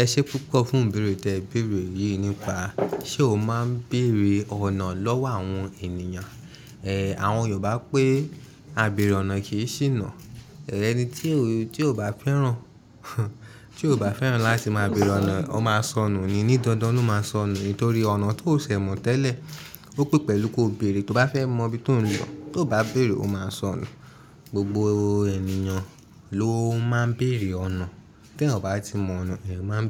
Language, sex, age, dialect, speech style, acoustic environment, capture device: Yoruba, male, 18-24, Nigeria - Lagos Yoruba, focused, people talking, mobile / Linux / Chrome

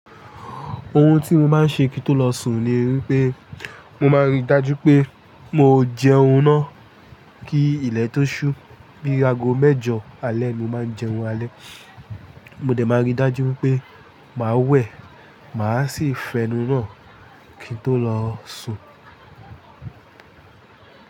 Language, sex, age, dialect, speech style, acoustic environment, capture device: Yoruba, male, 25-34, Nigeria - Lagos Yoruba, tired; focused; excited; happy; stressed; relaxed, people talking; music; nature; construction; appliances, mobile / Linux / Chrome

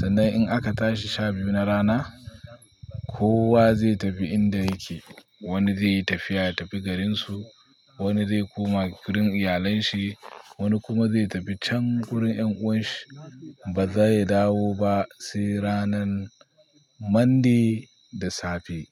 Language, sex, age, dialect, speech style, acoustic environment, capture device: Hausa, male, 25-34, Nigeria - Pidgin-influenced, focused, people talking; silence, mobile / Linux / Chrome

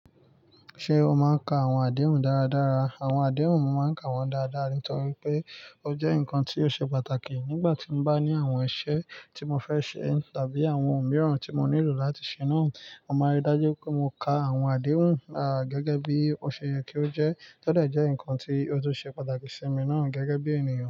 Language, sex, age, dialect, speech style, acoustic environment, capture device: Yoruba, male, 25-34, Nigeria - Nigerian Standard, focused, nature, mobile / Linux / Chrome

